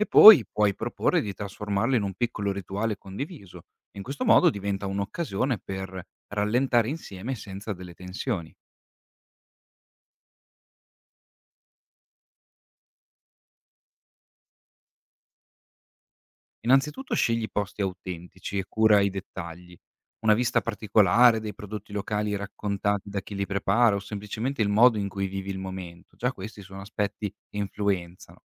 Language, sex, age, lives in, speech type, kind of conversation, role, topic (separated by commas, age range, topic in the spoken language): Italian, male, 25-29, Italy, read, expert-assistant, expert, Quando viaggio, come posso decidere quali esperienze mettere in cima alla lista: cultura, relax o cucina?